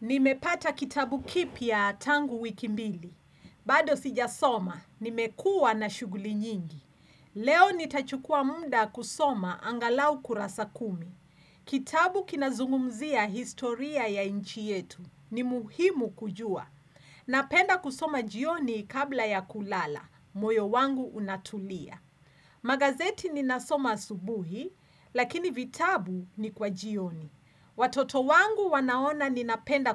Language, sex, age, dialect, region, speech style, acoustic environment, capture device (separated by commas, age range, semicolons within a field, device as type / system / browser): Swahili, female, 45-54, Kenya - Nairobi Sheng-influenced, Kenya, relaxed, silence, desktop / Windows / Chrome